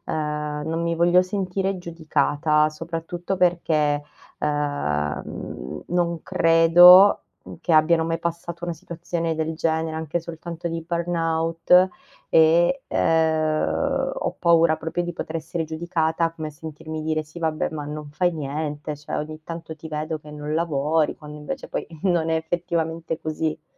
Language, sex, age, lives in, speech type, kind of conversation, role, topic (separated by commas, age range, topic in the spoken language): Italian, female, 30-34, Italy, conversation, advice, user, Come posso iniziare a sostituire vecchie abitudini che faccio fatica a cambiare?